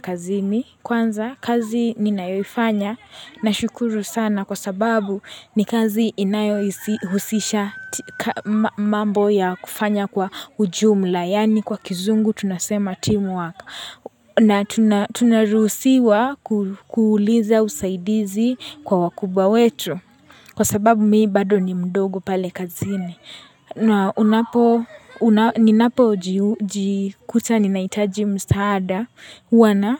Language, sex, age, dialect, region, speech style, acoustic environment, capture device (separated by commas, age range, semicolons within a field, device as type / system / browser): Swahili, female, 18-24, Kenya - Nairobi Sheng-influenced, Kenya, happy; relaxed; focused, people talking; nature, mobile / Linux / Chrome